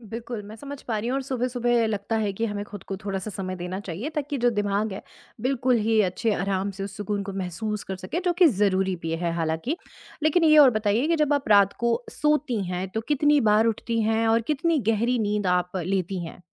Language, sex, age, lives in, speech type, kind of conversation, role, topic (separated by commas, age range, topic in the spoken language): Hindi, female, 35-39, India, conversation, advice, advisor, सुबह उठने में कठिनाई और आलस्य से दिन प्रभावित होना